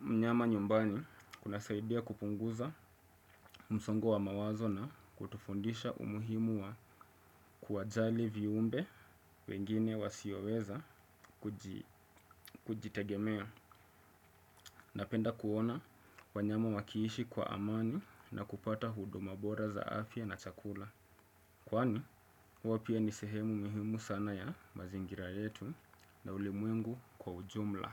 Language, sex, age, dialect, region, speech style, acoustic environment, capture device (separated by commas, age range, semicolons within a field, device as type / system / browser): Swahili, male, 25-34, Kenya - Mombasa, Kenya, excited; stressed; relaxed, silence, desktop / Windows / Chrome